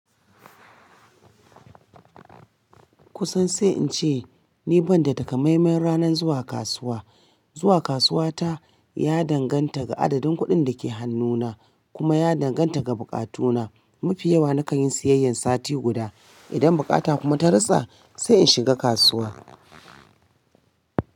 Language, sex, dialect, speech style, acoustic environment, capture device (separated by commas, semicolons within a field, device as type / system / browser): Hausa, female, Nigeria - Kano, happy, appliances, mobile / Linux / Chrome